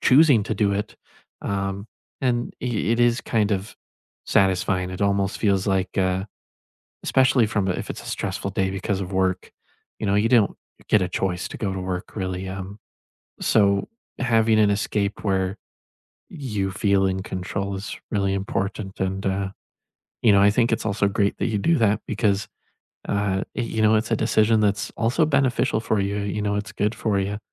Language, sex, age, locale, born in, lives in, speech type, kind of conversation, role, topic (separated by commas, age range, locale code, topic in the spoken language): English, male, 20-24, en-US, United States, United States, conversation, unstructured, none, How do you recharge after a stressful day, and how can we support each other?